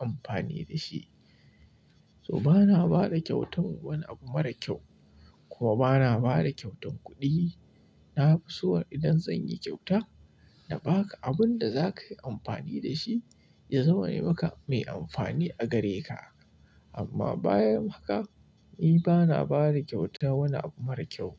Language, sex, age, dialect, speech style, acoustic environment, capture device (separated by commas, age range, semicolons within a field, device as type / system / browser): Hausa, male, 25-34, Nigeria - Kano, happy; relaxed, silence, mobile / Linux / Chrome